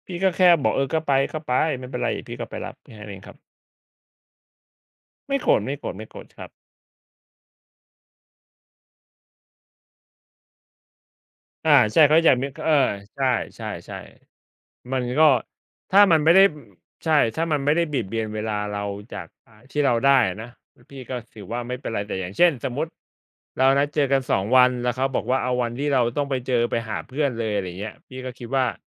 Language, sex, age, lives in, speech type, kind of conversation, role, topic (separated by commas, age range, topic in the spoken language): Thai, male, 35-39, Thailand, conversation, unstructured, none, ถ้าคุณอยากทำให้คนรักมีความสุข คุณจะทำอย่างไร?